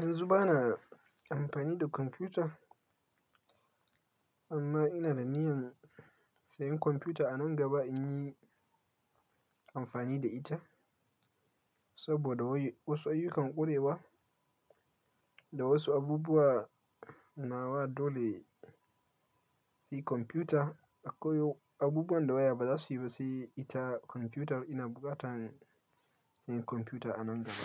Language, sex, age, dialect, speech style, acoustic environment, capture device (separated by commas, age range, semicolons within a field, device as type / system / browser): Hausa, male, 18-24, Nigeria - Kano, focused; anxious, silence; nature, mobile / Linux / Chrome